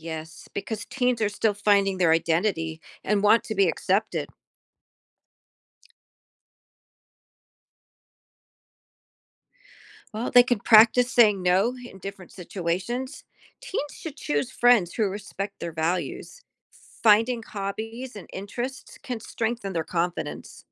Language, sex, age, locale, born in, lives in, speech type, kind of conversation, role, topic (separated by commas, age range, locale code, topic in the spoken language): English, female, 55-59, en-US, Germany, United States, read, expert-assistant, expert, How does peer pressure influence teenagers?